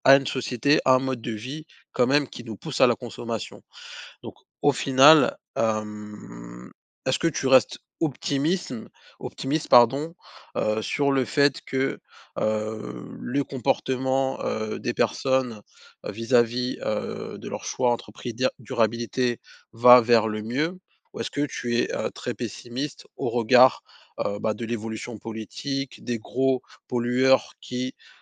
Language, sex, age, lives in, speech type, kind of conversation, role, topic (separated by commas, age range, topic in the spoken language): French, male, 30-34, France, conversation, podcast, host, Comment choisir entre le prix et la durabilité ?